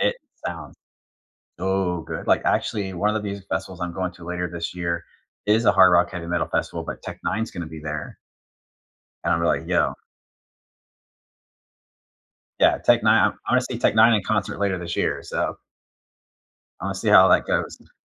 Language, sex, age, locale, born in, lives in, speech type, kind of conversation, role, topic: English, male, 40-44, en-US, United States, United States, conversation, unstructured, none, What was the last song you listened to on repeat, and what made you keep replaying it?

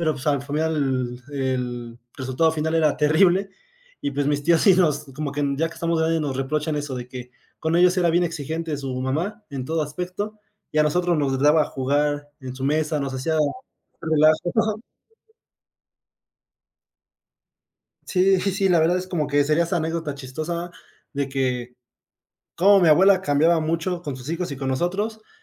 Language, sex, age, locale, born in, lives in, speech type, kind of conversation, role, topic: Spanish, male, 20-24, es-MX, Mexico, Mexico, conversation, podcast, guest, ¿Qué platos te recuerdan a tu infancia y por qué?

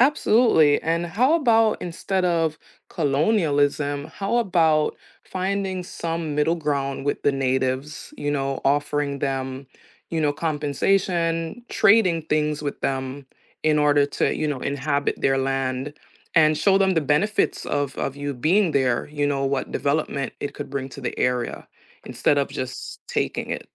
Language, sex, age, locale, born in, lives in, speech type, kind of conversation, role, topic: English, female, 30-34, en-US, United States, United States, conversation, unstructured, none, Would you rather change one decision in your life or influence one major world event?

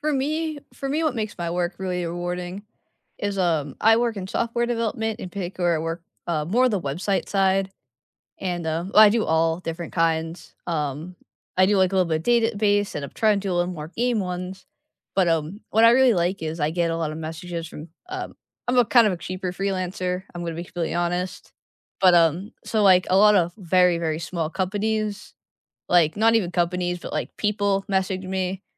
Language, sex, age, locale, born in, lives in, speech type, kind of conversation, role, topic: English, female, 20-24, en-US, United States, United States, conversation, unstructured, none, What makes a job feel rewarding to you?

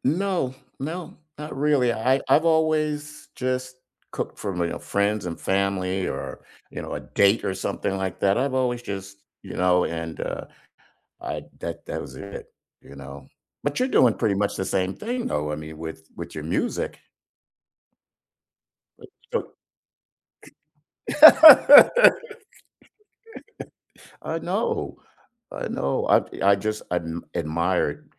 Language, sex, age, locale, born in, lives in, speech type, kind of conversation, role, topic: English, male, 65-69, en-US, United States, United States, conversation, unstructured, none, What traditions have you created with roommates, friends, or family?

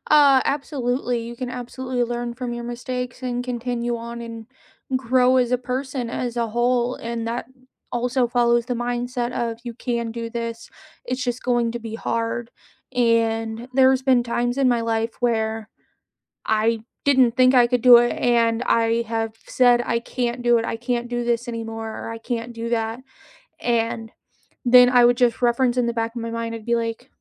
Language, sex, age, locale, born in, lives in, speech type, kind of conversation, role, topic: English, female, 30-34, en-US, United States, United States, conversation, unstructured, none, What is the best piece of advice you have actually put into practice?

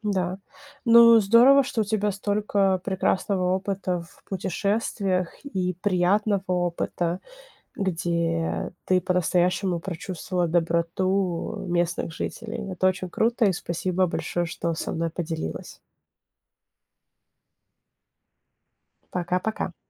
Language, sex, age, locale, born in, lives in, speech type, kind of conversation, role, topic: Russian, female, 25-29, ru-RU, Ukraine, United States, conversation, podcast, host, Какой случай доброты со стороны незнакомца в чужой стране тебе запомнился больше всего?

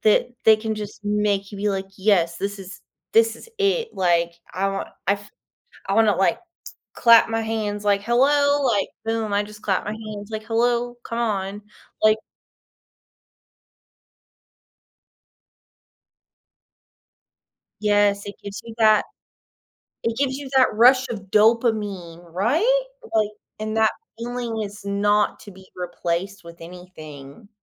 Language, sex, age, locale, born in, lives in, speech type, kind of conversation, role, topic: English, female, 30-34, en-US, United States, United States, conversation, podcast, host, What helps people stick with positive habits even when motivation fades?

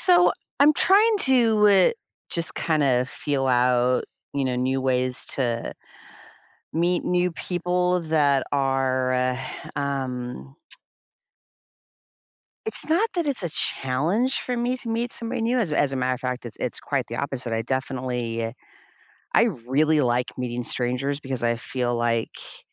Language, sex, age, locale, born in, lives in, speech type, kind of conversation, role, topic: English, female, 55-59, en-US, United States, United States, conversation, advice, user, How can I make new friends as an adult?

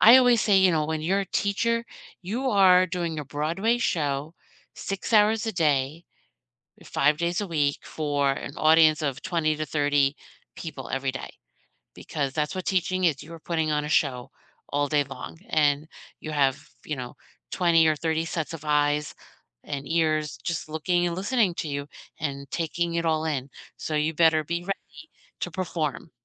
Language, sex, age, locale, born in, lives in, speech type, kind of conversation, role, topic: English, female, 50-54, en-US, United States, United States, conversation, unstructured, none, What small acts of care from teachers—like remembering your name, leaving a quick note, or checking in—shaped your experience?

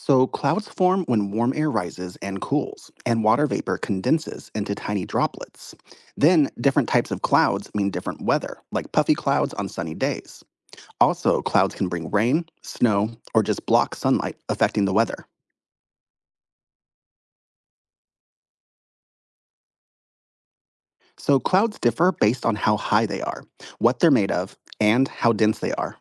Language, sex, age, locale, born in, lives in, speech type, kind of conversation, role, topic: English, male, 35-39, en-US, United States, United States, read, expert-assistant, expert, How do weather patterns form and change?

